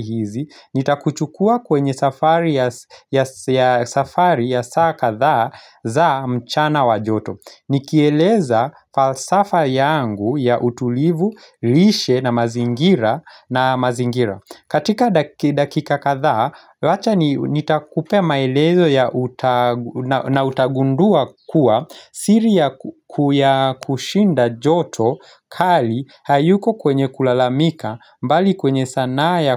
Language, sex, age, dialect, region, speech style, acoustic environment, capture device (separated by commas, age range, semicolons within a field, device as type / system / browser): Swahili, male, 25-34, Kenya - Nairobi Sheng-influenced, Kenya, relaxed, nature; silence, mobile / Linux / Chrome